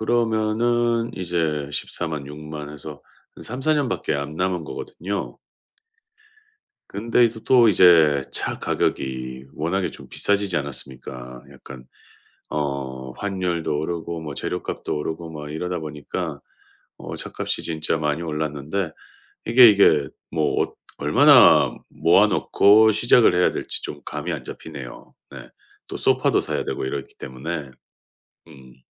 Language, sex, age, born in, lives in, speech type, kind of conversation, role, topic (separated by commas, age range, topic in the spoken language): Korean, male, 45-49, South Korea, United States, conversation, advice, user, 자동차나 가전제품 같은 큰 지출을 위해 매달 얼마씩 저축해야 할까요?